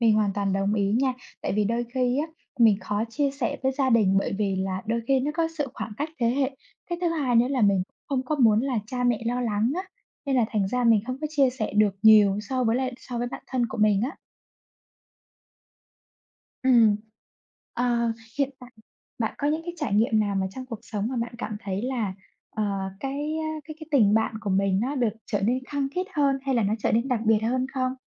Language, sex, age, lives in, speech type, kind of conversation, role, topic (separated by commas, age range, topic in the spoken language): Vietnamese, female, 25-29, Vietnam, conversation, unstructured, none, Tại sao tình bạn lại quan trọng trong cuộc sống?